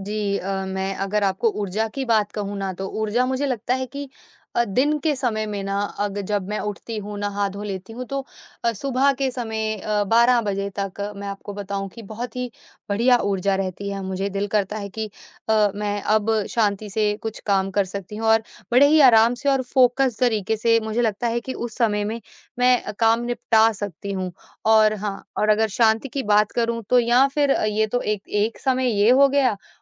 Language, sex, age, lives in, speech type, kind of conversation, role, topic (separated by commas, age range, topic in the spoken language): Hindi, female, 25-29, India, conversation, advice, user, काम के लिए स्थिर समय और जगह न मिल पाना